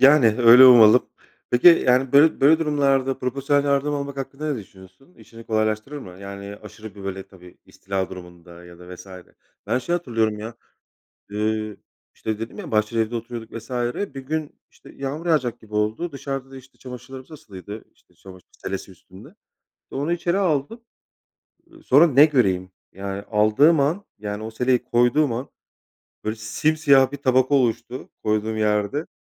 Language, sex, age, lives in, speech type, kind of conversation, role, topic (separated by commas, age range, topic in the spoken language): Turkish, male, 35-39, Poland, conversation, unstructured, none, Evde böcek ya da haşere görürsen ne yaparsın?